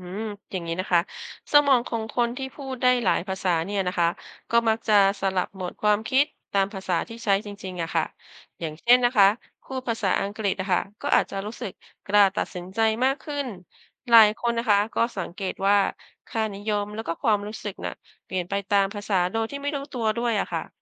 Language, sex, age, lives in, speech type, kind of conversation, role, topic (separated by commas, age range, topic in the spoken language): Thai, female, 50-54, Thailand, read, expert-assistant, expert, ภาษาแต่ละภาษามีผลต่อวิธีที่เราคิดหรือเข้าใจโลกอย่างไรบ้าง